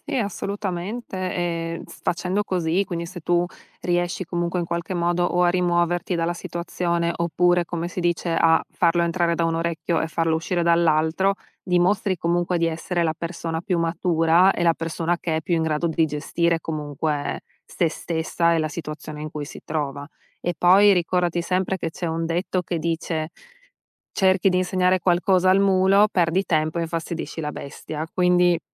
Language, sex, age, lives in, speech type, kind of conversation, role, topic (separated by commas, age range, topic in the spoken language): Italian, female, 35-39, United States, conversation, advice, advisor, Come posso evitare di esprimere opinioni politiche o sociali per non litigare?